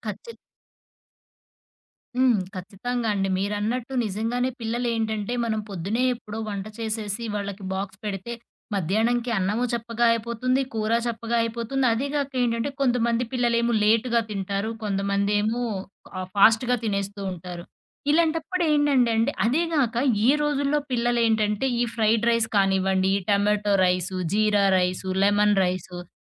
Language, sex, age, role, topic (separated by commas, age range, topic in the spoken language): Telugu, female, 30-34, guest, ఇంట్లో ఆహార వృథాను తగ్గించడానికి సులభంగా చేసుకోగల రుచికరమైన వంటకాలు లేదా చిట్కాలు ఏవి?